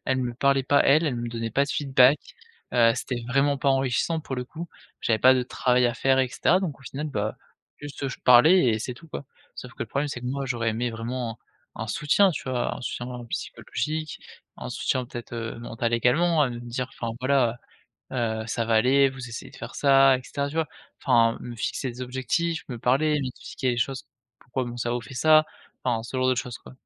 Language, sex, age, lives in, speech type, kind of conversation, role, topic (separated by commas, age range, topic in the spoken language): French, male, 20-24, France, conversation, advice, user, Comment décrire une attaque de panique soudaine en public ?